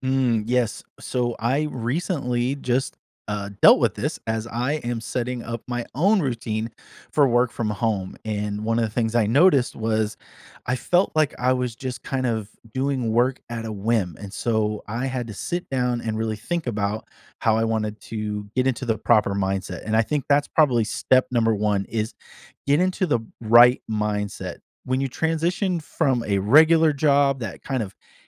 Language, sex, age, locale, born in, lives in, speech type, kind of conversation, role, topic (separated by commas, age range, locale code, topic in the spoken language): English, male, 40-44, en-US, United States, United States, conversation, podcast, guest, What helps people build and stick to healthy routines in daily life?